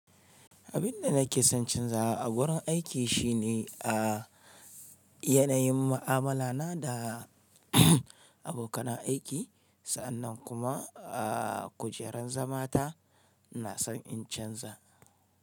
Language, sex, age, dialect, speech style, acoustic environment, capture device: Hausa, male, 35-44, Nigeria - Kano, relaxed; excited; happy, silence; nature, mobile / Linux / Chrome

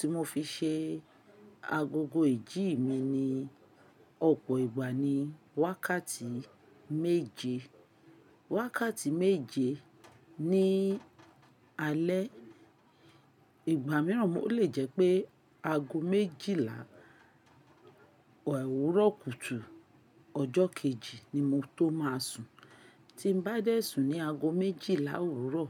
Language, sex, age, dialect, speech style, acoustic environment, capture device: Yoruba, female, 45-54, Nigeria - Lagos Yoruba, relaxed, silence, mobile / Linux / Chrome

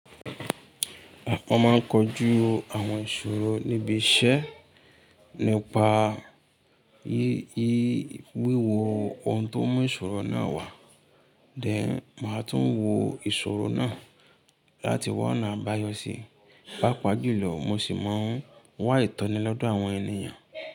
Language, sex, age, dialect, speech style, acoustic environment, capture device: Yoruba, male, 25-34, Nigeria - Ibadan, happy, people talking, mobile / Linux / Chrome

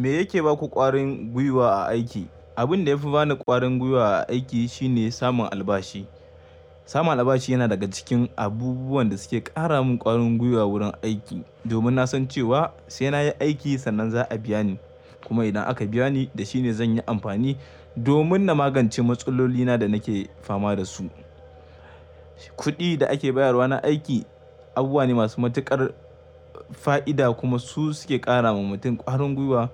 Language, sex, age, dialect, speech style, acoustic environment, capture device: Hausa, male, 25-34, Nigeria - Kano, happy, silence, mobile / Linux / Chrome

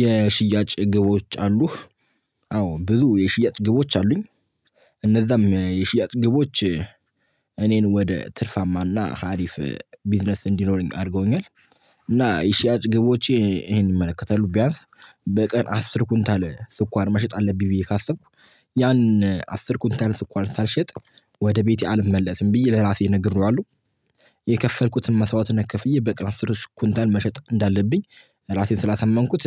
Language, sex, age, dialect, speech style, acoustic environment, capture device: Amharic, male, 18-24, Ethiopia - Addis Ababa, focused, silence, mobile / Linux / Chrome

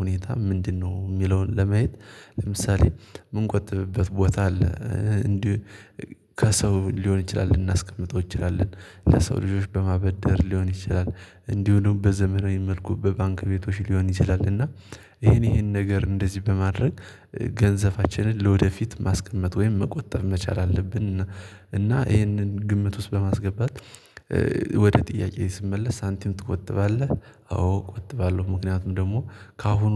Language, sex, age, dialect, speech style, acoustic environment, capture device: Amharic, male, 18-24, Ethiopia - Gojjam, focused, silence, mobile / Linux / Chrome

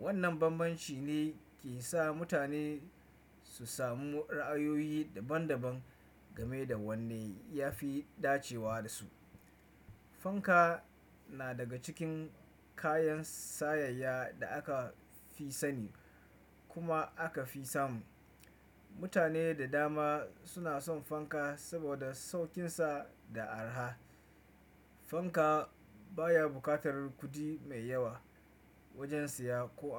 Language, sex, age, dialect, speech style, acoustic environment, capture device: Hausa, male, 25-34, Nigeria - Kano, focused, nature, desktop / Windows / Chrome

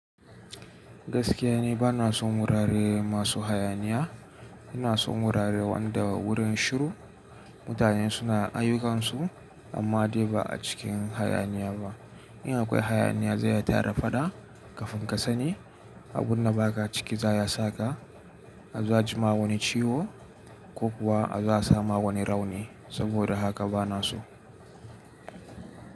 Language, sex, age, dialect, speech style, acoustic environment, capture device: Hausa, male, 18-24, Nigeria - Nigerian Standard, relaxed, nature, mobile / Linux / Chrome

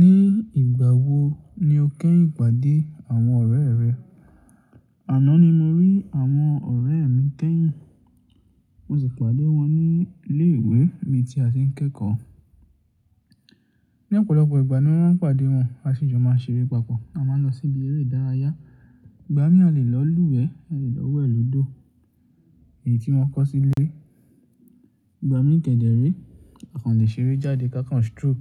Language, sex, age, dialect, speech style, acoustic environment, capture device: Yoruba, male, 18-24, Nigeria - Ibadan, focused, silence, mobile / Linux / Chrome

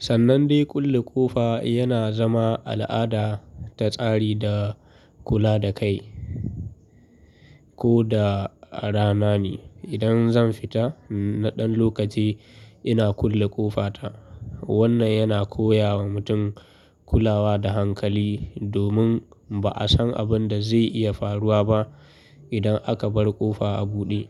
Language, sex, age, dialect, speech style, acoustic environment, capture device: Hausa, male, 25-34, Nigeria - Kano, relaxed; focused, people talking; nature; traffic; appliances, mobile / Linux / Chrome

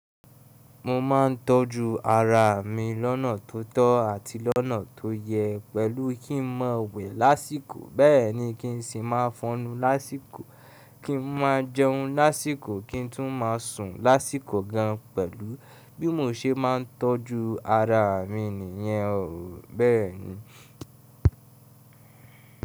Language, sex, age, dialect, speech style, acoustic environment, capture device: Yoruba, male, 18-24, Nigeria - Ibadan, happy, people talking; appliances, mobile / Linux / Chrome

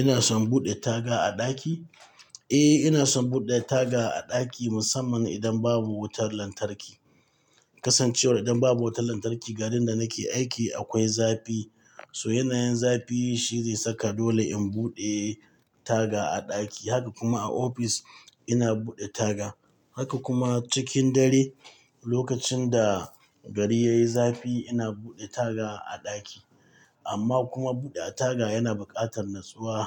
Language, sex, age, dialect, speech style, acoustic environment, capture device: Hausa, male, 45-54, Nigeria - Katsina, happy; excited; focused, people talking; nature, mobile / Linux / Chrome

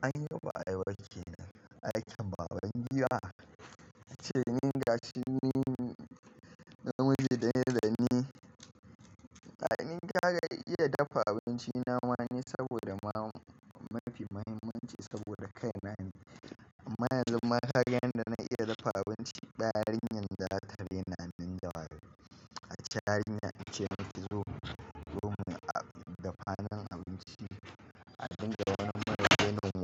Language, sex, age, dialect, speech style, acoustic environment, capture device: Hausa, male, 25-34, Nigeria - Kano, stressed; focused, construction; appliances; nature; people talking, mobile / Linux / Chrome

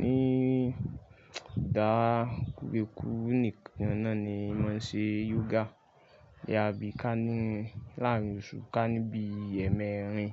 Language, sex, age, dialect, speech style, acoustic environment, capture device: Yoruba, male, 25-34, Nigeria - Lagos Yoruba, focused, nature, mobile / Linux / Chrome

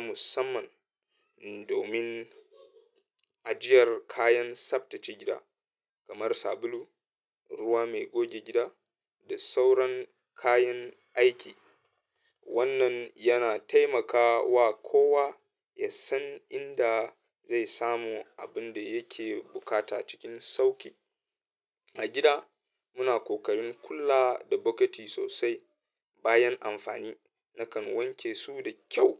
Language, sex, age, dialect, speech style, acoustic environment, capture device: Hausa, male, 25-34, Nigeria - Katsina, happy; anxious; nervous, people talking; traffic; music; appliances, mobile / Linux / Chrome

